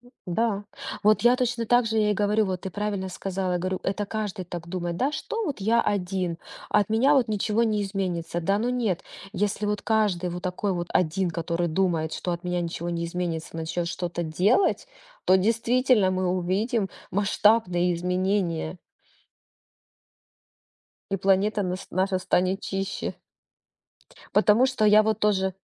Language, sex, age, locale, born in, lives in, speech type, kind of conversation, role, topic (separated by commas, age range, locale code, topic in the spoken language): Russian, female, 35-39, ru-RU, Ukraine, Spain, conversation, podcast, guest, Какие простые советы помогут сократить использование пластика в повседневной жизни?